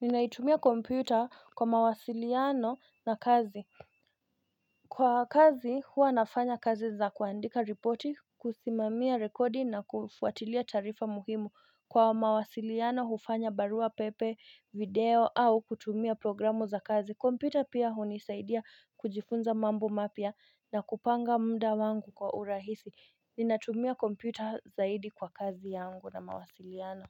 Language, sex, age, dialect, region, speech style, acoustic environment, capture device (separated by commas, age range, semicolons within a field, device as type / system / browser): Swahili, female, 25-34, Kenya - Nairobi Sheng-influenced, Kenya, focused, nature, mobile / Linux / Chrome